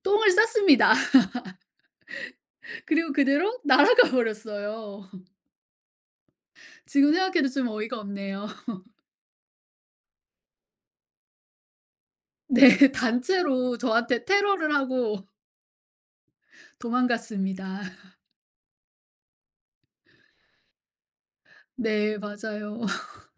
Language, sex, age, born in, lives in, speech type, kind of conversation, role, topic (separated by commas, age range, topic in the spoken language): Korean, female, 40-44, South Korea, United States, conversation, podcast, guest, 여행 중에 가장 웃겼던 해프닝 하나만 들려주실래요?